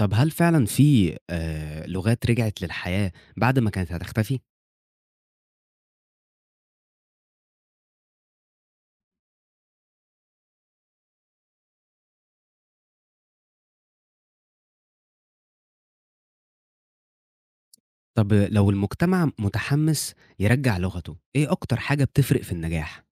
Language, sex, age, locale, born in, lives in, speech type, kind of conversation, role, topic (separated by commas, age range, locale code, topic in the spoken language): Arabic, male, 20-24, ar-EG, Egypt, Egypt, read, expert-assistant, asker, ممكن تشرحلي إزاي لغات كاملة ممكن تختفي مع الوقت؟ وإيه اللي بيخلي لغة تفضل موجودة ولغة تانية تموت؟